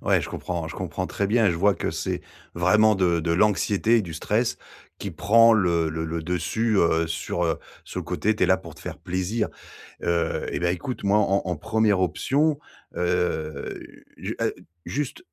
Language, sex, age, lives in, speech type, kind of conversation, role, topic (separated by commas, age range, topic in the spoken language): French, male, 40-44, France, conversation, advice, advisor, Pourquoi ai-je du mal à me sentir connecté aux autres pendant les fêtes ?